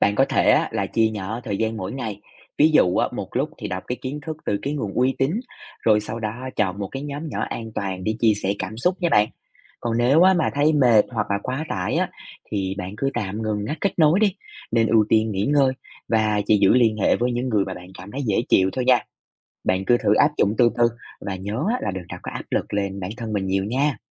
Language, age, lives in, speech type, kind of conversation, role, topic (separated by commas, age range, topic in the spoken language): Vietnamese, 25-29, Vietnam, read, expert-assistant, expert, Làm sao tìm hiểu và bảo vệ sức khỏe tâm thần cho mẹ sau sinh một cách an toàn?